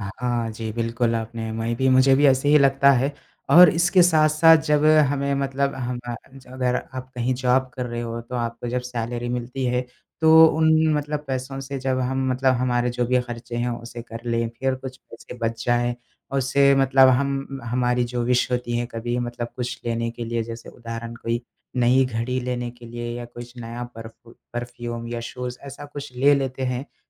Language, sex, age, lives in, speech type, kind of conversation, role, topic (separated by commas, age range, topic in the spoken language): Hindi, male, 20-24, India, conversation, unstructured, none, आपको अपने काम में सबसे ज़्यादा खुशी कब मिलती है?